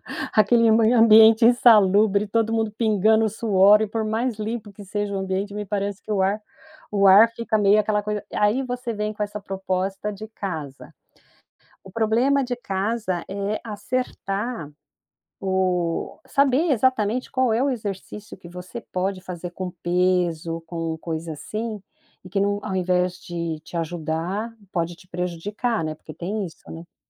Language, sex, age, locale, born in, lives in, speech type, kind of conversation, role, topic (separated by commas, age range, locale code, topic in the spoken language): Portuguese, female, 55-59, pt-BR, Brazil, United States, conversation, podcast, host, Que exercícios você recomendaria para quem tem pouco tempo?